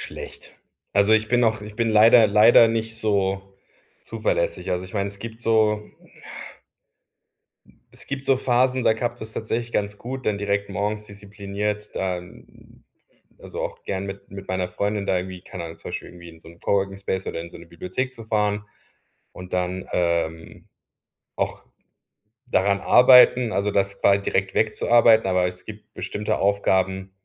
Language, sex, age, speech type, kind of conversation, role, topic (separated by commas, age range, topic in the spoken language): German, male, 40-44, conversation, advice, user, Wie fange ich am besten an, wenn ich mich von zu vielen Zielen überwältigt fühle?